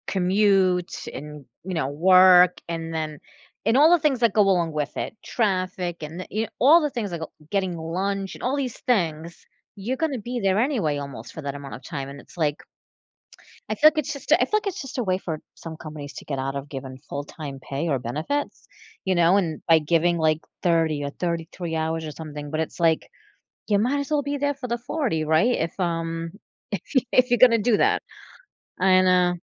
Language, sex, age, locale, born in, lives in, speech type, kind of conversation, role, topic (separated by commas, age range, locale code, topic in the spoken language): English, female, 45-49, en-US, United States, United States, conversation, advice, advisor, How can I cope with uncertainty about my future?